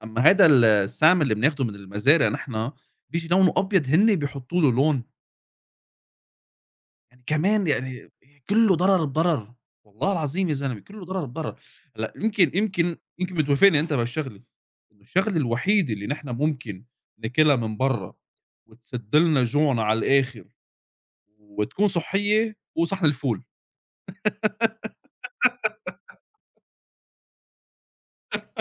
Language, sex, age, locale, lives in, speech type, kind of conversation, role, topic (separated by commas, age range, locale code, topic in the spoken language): Arabic, male, 30-34, ar-SA, United States, conversation, unstructured, none, هل إنت شايف إن الأكل السريع بيأثر بالسلب على صحتنا؟